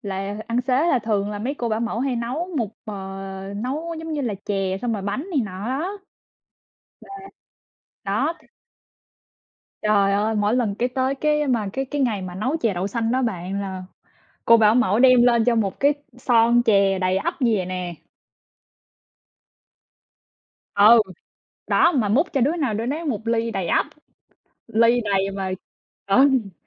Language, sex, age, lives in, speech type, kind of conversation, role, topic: Vietnamese, female, 25-29, United States, conversation, unstructured, none, Món tráng miệng nào khiến bạn cảm thấy vui vẻ nhất?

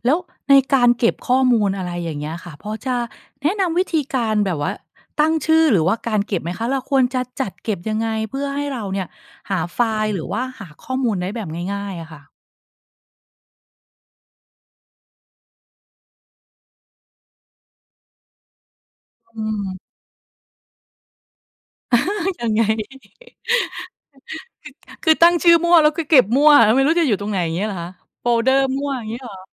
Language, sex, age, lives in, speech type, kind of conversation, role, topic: Thai, female, 45-49, Thailand, conversation, podcast, host, คุณชอบใช้เครื่องมืออะไรในการเก็บและจัดระเบียบความรู้?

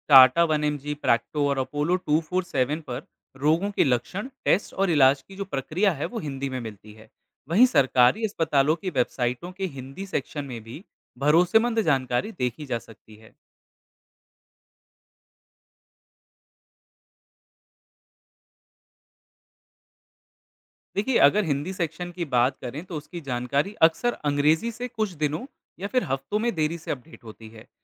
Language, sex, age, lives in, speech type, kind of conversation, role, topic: Hindi, male, 25-29, India, read, expert-assistant, expert, नर्सिंग में चिकित्सा जानकारी के स्रोतों की विश्वसनीयता कैसे परखें? क्या ऑनलाइन मेडिकल पोर्टल्स पर दी गई जानकारी भरोसेमंद मानी जा सकती है?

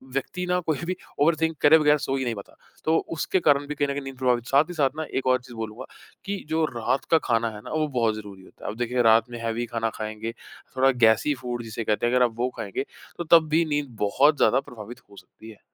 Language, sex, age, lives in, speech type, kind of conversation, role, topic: Hindi, male, 25-29, India, conversation, podcast, guest, आप सोने‑जागने का अनुशासन कैसे बनाए रखते हैं, और आपका अनुभव क्या है?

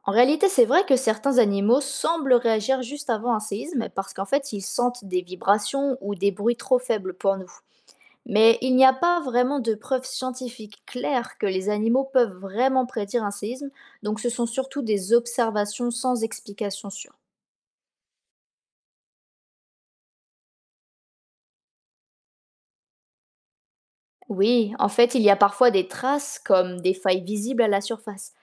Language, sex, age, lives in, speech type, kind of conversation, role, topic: French, female, 20-24, France, read, expert-assistant, expert, Qu’est-ce qu’un séisme et comment se produit-il ?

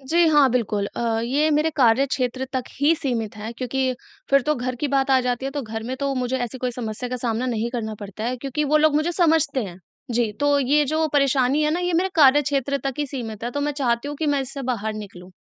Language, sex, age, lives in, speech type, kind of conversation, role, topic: Hindi, female, 20-24, India, conversation, advice, user, दोस्तों के साथ पार्टी या छुट्टियों के दौरान समूह में तनाव और असहमति को मैं कैसे संभालूँ?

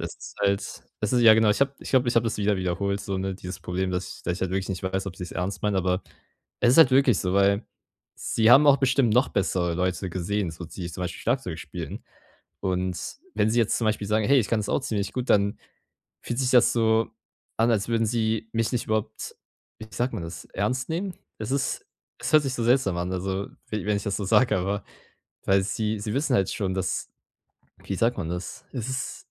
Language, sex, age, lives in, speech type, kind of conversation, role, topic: German, male, 18-19, Germany, conversation, advice, user, Wie kann ich Komplimente annehmen, ohne mich unsicher zu fühlen?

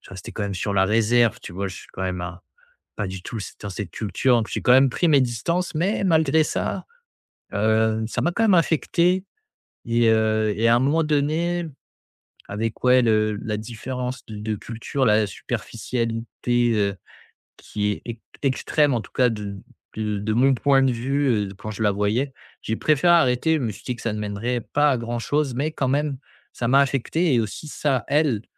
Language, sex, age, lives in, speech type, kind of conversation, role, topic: French, male, 25-29, France, conversation, advice, user, Comment retrouver mon estime de soi après une rupture qui m’a tout pris ?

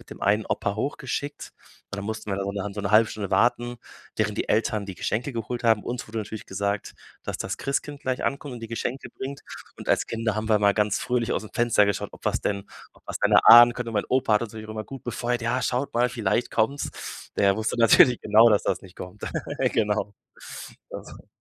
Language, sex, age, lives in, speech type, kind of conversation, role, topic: German, male, 25-29, Germany, conversation, podcast, guest, Welche Familientradition bedeutet dir besonders viel?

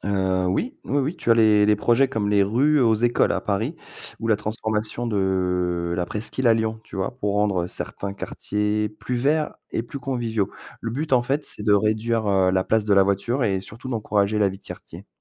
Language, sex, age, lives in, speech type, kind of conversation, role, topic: French, male, 40-44, France, read, expert-assistant, expert, Comment mieux vivre en ville sans subir le stress urbain ?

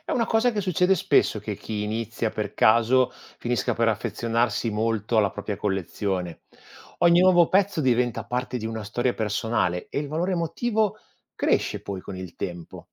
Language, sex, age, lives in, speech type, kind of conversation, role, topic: Italian, male, 45-49, Italy, read, expert-assistant, expert, Perché collezionare ci dà tanta soddisfazione?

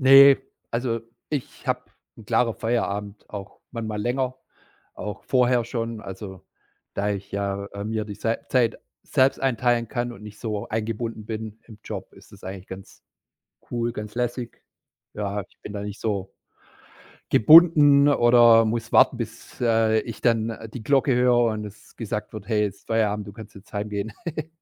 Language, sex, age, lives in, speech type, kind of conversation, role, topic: German, male, 45-49, Germany, conversation, podcast, guest, Wie wichtig ist dir ein klarer Feierabend?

